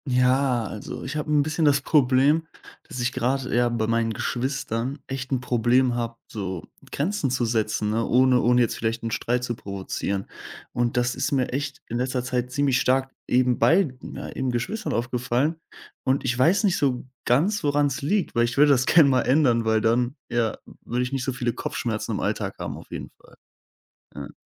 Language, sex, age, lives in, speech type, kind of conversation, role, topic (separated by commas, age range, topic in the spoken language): German, male, 20-24, Germany, conversation, advice, user, Wie setze ich meinen Geschwistern klare Grenzen, ohne Streit zu provozieren?